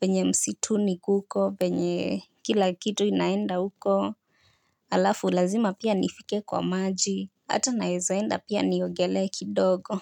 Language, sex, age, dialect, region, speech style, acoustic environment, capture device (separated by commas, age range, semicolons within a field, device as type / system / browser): Swahili, female, 18-24, Kenya - Nairobi Sheng-influenced, Kenya, relaxed, silence, mobile / Linux / Chrome